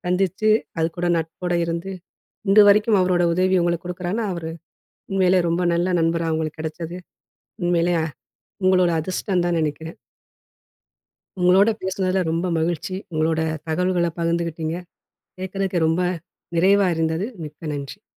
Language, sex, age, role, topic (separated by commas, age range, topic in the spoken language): Tamil, female, 40-44, host, ஒரு சுற்றுலா பயணத்தில் ஒருவருடன் உங்கள் நட்பு எப்படி தொடங்கியது?